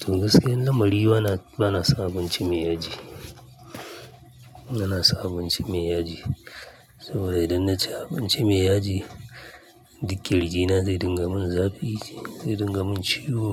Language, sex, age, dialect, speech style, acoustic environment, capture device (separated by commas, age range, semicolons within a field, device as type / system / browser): Hausa, male, 35-44, Nigeria - Kano, happy, nature; silence, mobile / Linux / Chrome